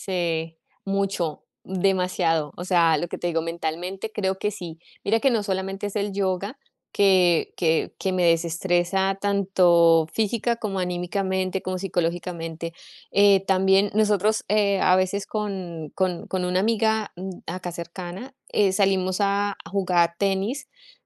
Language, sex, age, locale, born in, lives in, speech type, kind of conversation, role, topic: Spanish, female, 40-44, es-CO, Colombia, United States, conversation, unstructured, none, ¿Cómo cambia el ejercicio tu estado de ánimo?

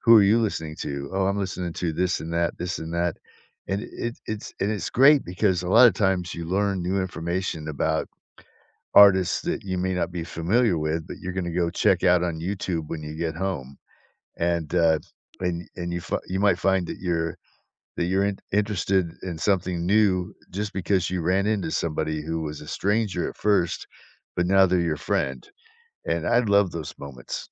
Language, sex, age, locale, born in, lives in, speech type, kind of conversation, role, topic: English, male, 60-64, en-US, United States, United States, conversation, unstructured, none, Which everyday moments and small habits help you feel genuinely connected to people you see regularly?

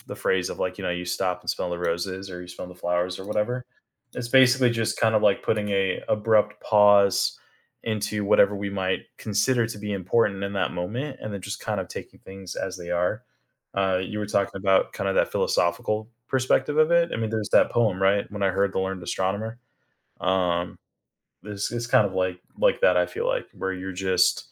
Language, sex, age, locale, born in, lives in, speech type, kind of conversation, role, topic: English, male, 30-34, en-US, United States, United States, conversation, advice, user, How can I be more present daily?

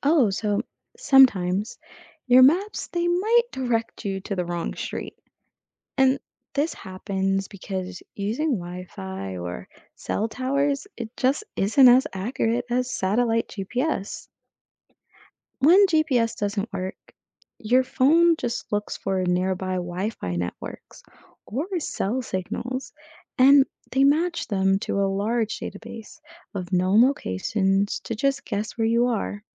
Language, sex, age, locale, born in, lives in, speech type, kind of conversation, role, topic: English, female, 25-29, en-US, United States, United States, read, expert-assistant, expert, How do phones determine and manage your location, and what happens to your location data?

